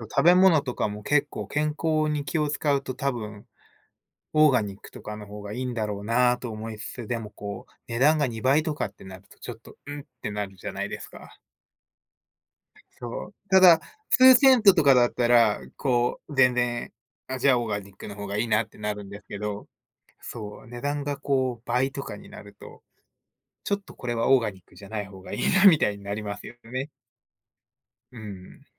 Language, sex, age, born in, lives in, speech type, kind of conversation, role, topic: Japanese, male, 30-34, Japan, United States, conversation, unstructured, none, 貯金を増やすために、どのような工夫をしていますか？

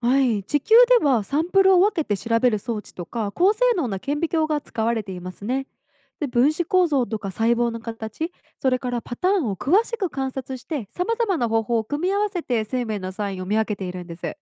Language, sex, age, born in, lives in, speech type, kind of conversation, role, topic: Japanese, female, 25-29, Japan, United States, read, expert-assistant, expert, 宇宙で生命を見つけるために、どんな道具や方法がよく使われているのですか？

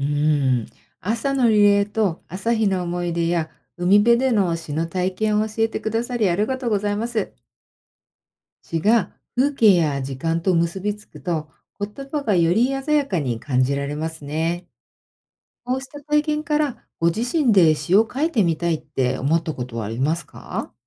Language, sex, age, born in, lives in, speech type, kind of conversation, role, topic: Japanese, female, 50-54, Japan, United States, read, expert-assistant, expert, 詩はどのように自由に楽しめますか？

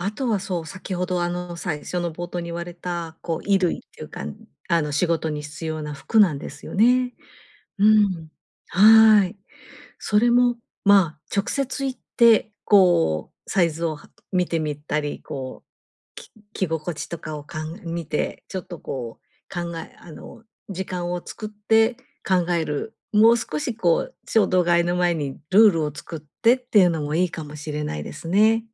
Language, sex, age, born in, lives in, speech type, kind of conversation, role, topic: Japanese, female, 50-54, Japan, Japan, conversation, advice, advisor, 必要なものと贅沢品はどうやって見分ければよいですか？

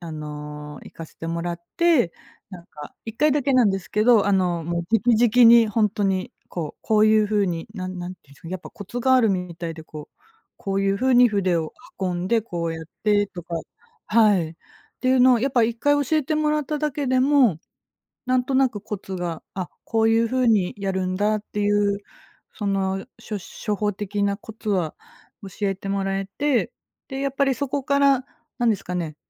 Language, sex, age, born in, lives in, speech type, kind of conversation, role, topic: Japanese, female, 40-44, Japan, Japan, conversation, podcast, guest, 趣味を通じて人とつながった経験はありますか？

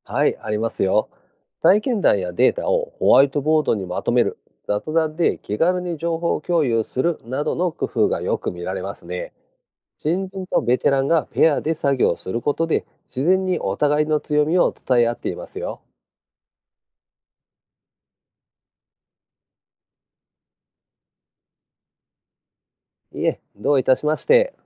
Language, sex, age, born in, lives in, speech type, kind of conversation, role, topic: Japanese, male, 50-54, Japan, Japan, read, expert-assistant, expert, 農業で新しい技術を使いたいとき、現場でどんな困りごとが出やすいですか？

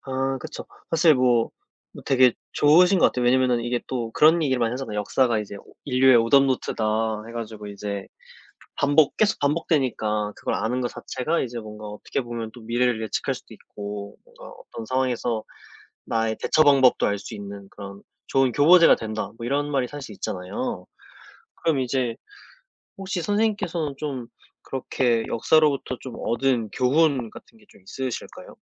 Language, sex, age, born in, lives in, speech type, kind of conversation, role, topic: Korean, male, 25-29, South Korea, South Korea, conversation, unstructured, none, 역사는 우리 삶에 어떤 긍정적인 영향을 줄까요?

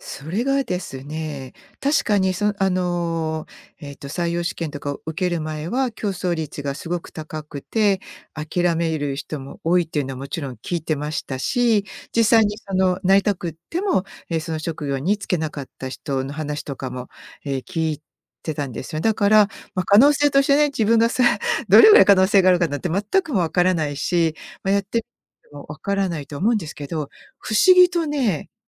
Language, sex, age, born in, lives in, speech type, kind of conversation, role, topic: Japanese, female, 55-59, Japan, United States, conversation, podcast, guest, 成功の基準を変えるきっかけは何でしたか？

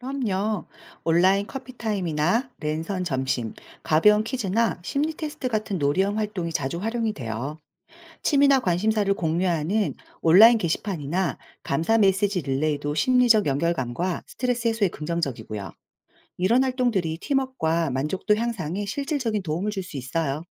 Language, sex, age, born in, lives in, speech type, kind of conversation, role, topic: Korean, female, 40-44, South Korea, South Korea, read, expert-assistant, expert, 직장 내 스트레스와 번아웃을 예방하고 건강하게 대처하는 방법은 무엇인가요?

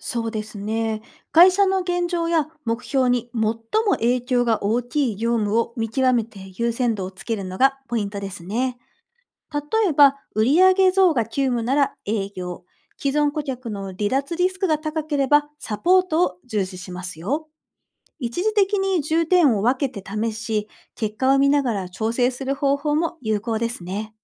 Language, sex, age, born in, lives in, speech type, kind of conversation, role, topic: Japanese, female, 40-44, Japan, Japan, read, expert-assistant, expert, ビジネスの成長を目指すとき、時間や人材など限られたリソースをどうやって上手に配分できますか？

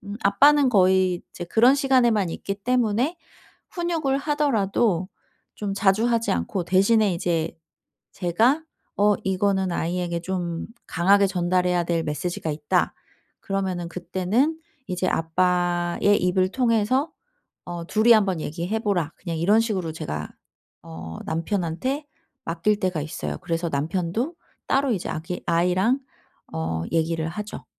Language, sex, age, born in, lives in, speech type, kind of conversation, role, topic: Korean, female, 40-44, South Korea, South Korea, conversation, advice, user, 어떻게 하면 건설적 피드백과 개인적 비난을 구별할 수 있을까?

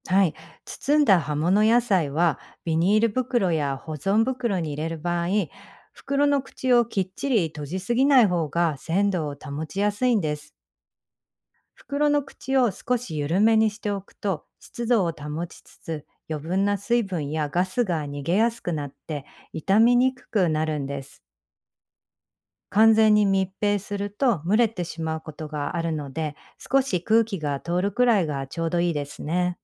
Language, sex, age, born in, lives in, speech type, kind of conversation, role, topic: Japanese, female, 45-49, Japan, United States, read, expert-assistant, expert, 野菜の鮮度を保つ保存方法はどうすればよいですか？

